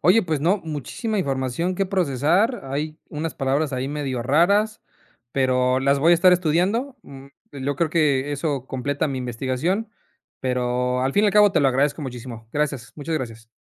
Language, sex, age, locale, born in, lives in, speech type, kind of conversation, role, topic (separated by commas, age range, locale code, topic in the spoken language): Spanish, male, 30-34, es-MX, Mexico, Mexico, read, expert-assistant, asker, Describe la función de las hojas en las plantas.